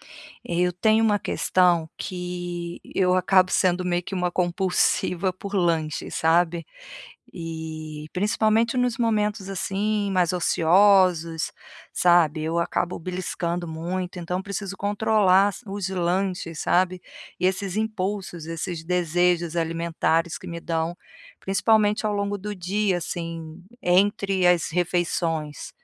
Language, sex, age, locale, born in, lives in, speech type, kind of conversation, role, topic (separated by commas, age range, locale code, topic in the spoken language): Portuguese, female, 45-49, pt-BR, Brazil, Portugal, conversation, advice, user, Como posso controlar melhor os lanches e os desejos alimentares?